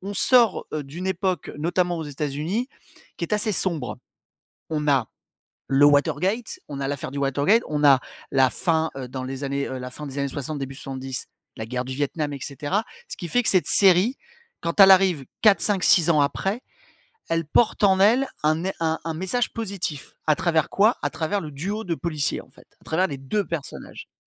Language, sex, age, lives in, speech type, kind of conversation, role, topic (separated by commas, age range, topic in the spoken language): French, male, 45-49, France, conversation, podcast, guest, Qu’est-ce qui fait, selon toi, une bonne série ?